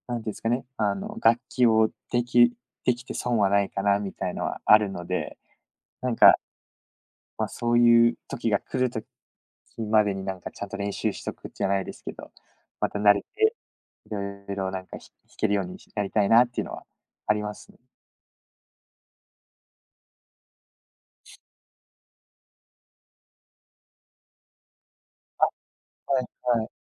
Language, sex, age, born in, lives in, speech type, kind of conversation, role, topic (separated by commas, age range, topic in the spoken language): Japanese, male, 20-24, United States, Japan, conversation, podcast, guest, 今いちばん夢中になっていることは何ですか？